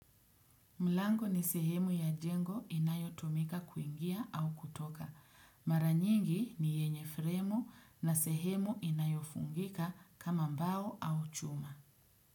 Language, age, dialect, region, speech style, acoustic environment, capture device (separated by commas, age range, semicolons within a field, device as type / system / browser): Swahili, 35-44, Kenya - Mombasa, Kenya, happy, silence, desktop / Windows / Edge